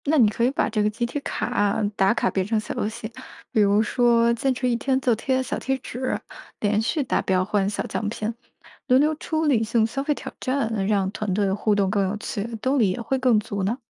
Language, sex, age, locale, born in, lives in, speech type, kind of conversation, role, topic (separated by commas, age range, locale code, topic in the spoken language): Chinese, female, 30-34, zh-CN, China, United States, read, expert-assistant, expert, 用行为经济学的小技巧，怎么帮自己减少冲动购物？